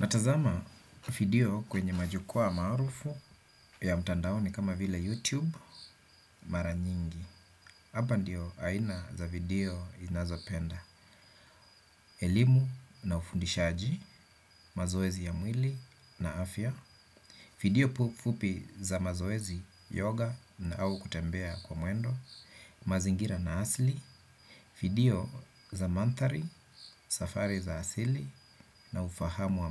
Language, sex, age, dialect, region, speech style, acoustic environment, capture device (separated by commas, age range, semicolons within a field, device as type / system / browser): Swahili, male, 35-44, Kenya - Nairobi Sheng-influenced, Kenya, relaxed, nature, desktop / Windows / Chrome